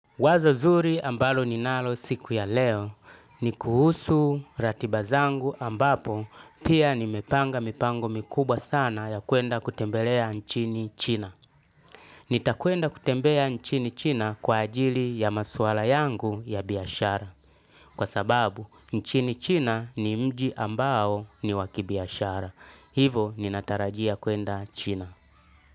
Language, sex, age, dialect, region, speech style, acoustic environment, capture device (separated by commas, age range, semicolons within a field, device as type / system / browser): Swahili, male, 35-44, Tanzania - Dar es Salaam, Tanzania, tired, silence, desktop / Linux / Chrome